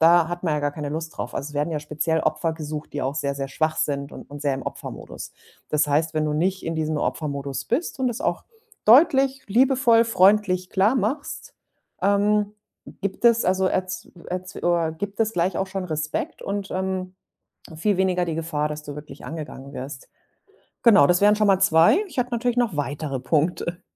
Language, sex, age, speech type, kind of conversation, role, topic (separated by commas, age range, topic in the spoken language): German, female, 40-44, conversation, podcast, guest, Was können wir tun, damit sich niemand ausgeschlossen fühlt?